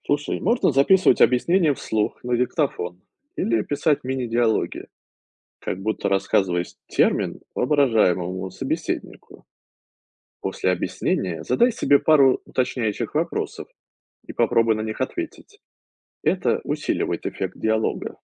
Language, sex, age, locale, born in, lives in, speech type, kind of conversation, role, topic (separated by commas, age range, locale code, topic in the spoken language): Russian, male, 35-39, ru-RU, Russia, United States, read, expert-assistant, expert, Почему мне сложно запоминать новую информацию, и как понять, что мешает обучению?